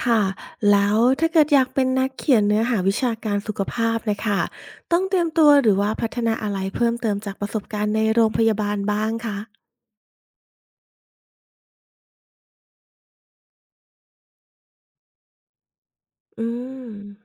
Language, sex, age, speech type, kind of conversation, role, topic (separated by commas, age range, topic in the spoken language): Thai, female, 40-44, read, expert-assistant, asker, ข้อดีและข้อเสียของการทำงานเป็นพยาบาลในโรงพยาบาลรัฐเทียบกับเอกชนมีอะไรบ้าง?